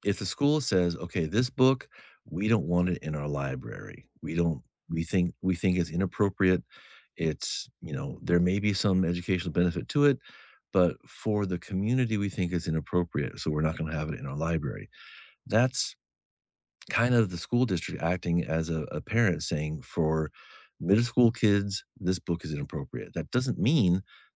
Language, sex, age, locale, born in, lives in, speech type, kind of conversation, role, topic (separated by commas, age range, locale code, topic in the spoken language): English, male, 50-54, en-US, United States, United States, conversation, unstructured, none, What is your opinion on schools banning certain books or topics?